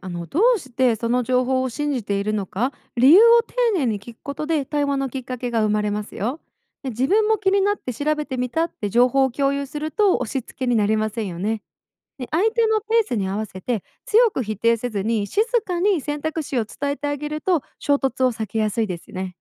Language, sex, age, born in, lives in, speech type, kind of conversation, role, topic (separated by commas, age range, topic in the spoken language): Japanese, female, 25-29, Japan, United States, read, expert-assistant, expert, 「健康情報をSNSで見かけたとき、その信頼性をどうやって見極めればよいのでしょうか？」